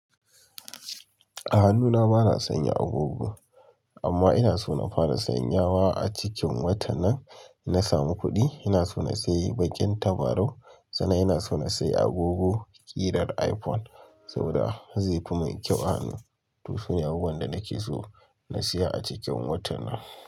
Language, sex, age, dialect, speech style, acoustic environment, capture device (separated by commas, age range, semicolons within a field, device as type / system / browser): Hausa, male, 25-34, Nigeria - Kano, excited; relaxed, silence, mobile / Linux / Chrome